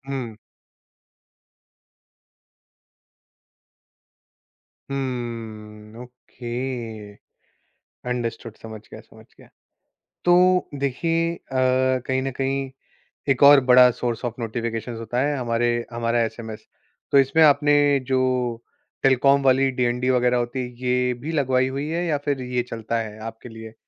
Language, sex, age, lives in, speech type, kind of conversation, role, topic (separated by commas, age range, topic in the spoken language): Hindi, male, 25-29, India, conversation, podcast, host, Notifications control karne ke simple tareeke kya hain?